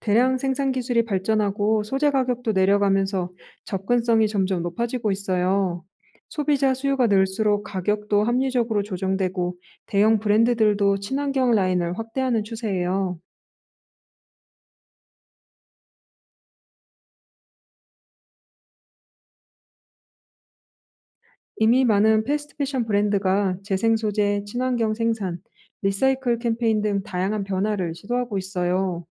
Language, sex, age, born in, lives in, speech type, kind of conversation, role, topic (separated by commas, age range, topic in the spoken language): Korean, female, 35-39, South Korea, France, read, expert-assistant, expert, 패스트 패션과 친환경 패션 선택의 이점과 단점은 무엇인가요?